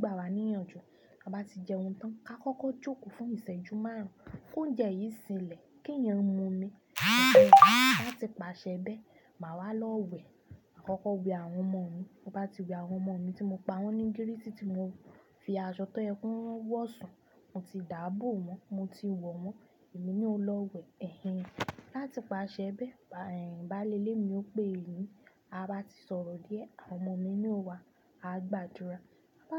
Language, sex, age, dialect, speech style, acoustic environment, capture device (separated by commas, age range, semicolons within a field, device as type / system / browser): Yoruba, female, 25-34, Nigeria - Ibadan, happy, silence, desktop / Linux / Chrome